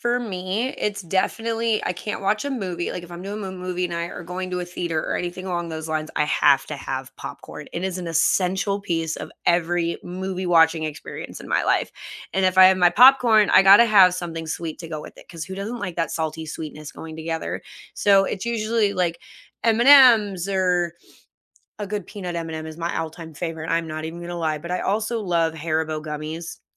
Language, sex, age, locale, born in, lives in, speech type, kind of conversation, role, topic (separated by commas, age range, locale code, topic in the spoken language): English, female, 30-34, en-US, United States, United States, conversation, unstructured, none, What snacks and rituals do you consider essential for a great movie night?